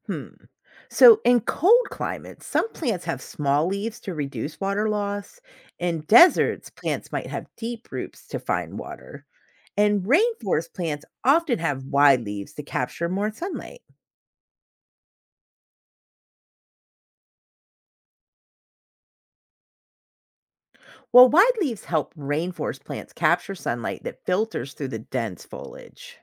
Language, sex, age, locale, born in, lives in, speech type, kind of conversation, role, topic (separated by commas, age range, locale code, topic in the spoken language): English, female, 40-44, en-US, United States, United States, read, expert-assistant, expert, How do plants adapt to their environment?